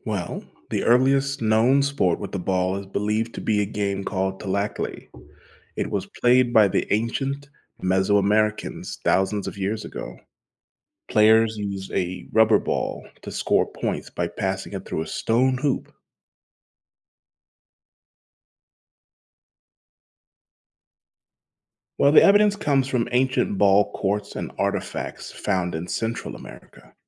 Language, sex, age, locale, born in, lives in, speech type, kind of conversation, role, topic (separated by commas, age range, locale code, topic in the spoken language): English, male, 30-34, en-US, United States, United States, read, expert-assistant, expert, What was the first sport ever played with a ball?